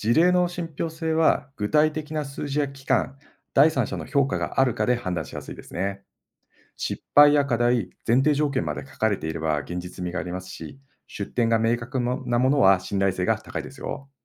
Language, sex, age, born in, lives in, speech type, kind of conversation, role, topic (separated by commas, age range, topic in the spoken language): Japanese, male, 50-54, Japan, Japan, read, expert-assistant, expert, 経営に関するアドバイスがいろいろ出回っていますが、信頼できる情報かどうか見分けるコツはありますか？